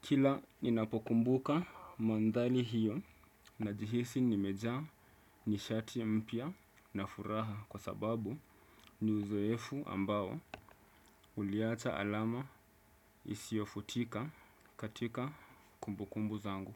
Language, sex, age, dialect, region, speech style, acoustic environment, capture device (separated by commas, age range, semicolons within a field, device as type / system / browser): Swahili, male, 25-34, Kenya - Mombasa, Kenya, excited; stressed; relaxed, silence, desktop / Windows / Chrome